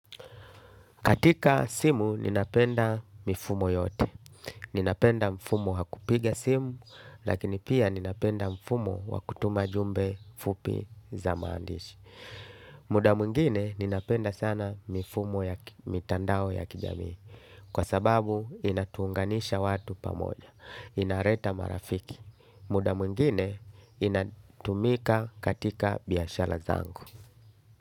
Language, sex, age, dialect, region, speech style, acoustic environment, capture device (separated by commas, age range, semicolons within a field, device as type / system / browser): Swahili, male, 35-44, Tanzania - Dar es Salaam, Tanzania, happy, nature, desktop / Linux / Chrome